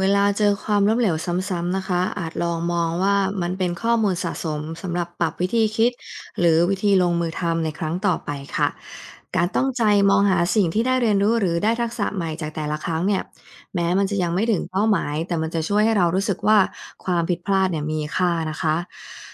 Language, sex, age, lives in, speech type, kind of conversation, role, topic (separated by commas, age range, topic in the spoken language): Thai, female, 35-39, United States, read, expert-assistant, expert, การตั้งเป้าหมายในชีวิตมีผลต่อความสำเร็จอย่างไร